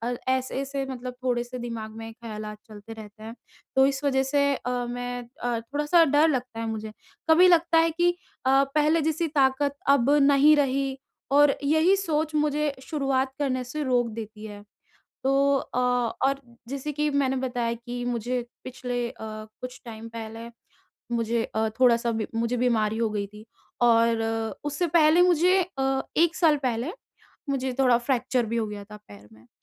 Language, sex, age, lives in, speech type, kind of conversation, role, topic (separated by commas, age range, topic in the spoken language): Hindi, female, 20-24, India, conversation, advice, user, मैं सुरक्षित और स्थिर तरीके से अपनी फिटनेस दिनचर्या फिर से कैसे शुरू करूँ?